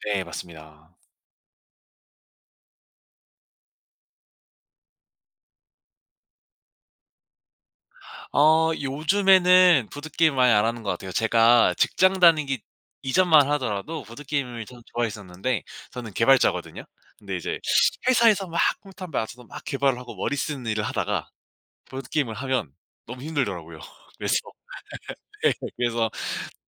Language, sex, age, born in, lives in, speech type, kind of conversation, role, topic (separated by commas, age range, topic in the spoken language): Korean, male, 25-29, South Korea, South Korea, conversation, podcast, guest, 그 취미는 어떻게 시작하게 되셨나요?